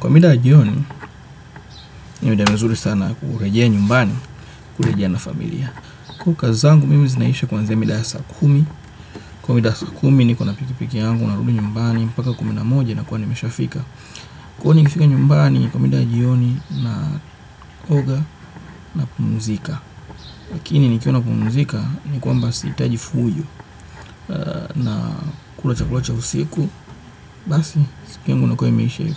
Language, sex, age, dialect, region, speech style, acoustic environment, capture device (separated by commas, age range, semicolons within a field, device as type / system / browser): Swahili, male, 25-34, Kenya - Nairobi Sheng-influenced, Kenya, relaxed, nature, desktop / Windows / Chrome